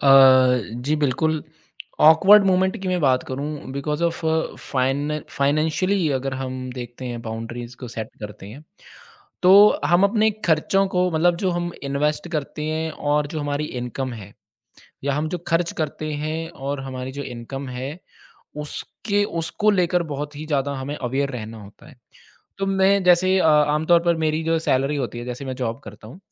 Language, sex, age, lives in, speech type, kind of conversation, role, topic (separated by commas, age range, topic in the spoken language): Hindi, male, 35-39, India, conversation, podcast, guest, Financial boundaries set karte waqt sabse awkward moment kab aata hai?